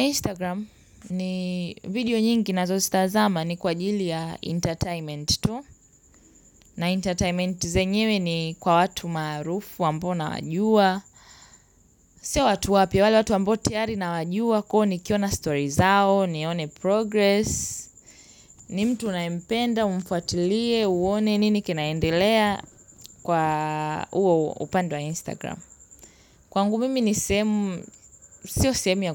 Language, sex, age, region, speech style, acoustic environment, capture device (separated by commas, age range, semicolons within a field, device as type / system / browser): Swahili, female, 18-24, Tanzania, happy, nature, mobile / Linux / Chrome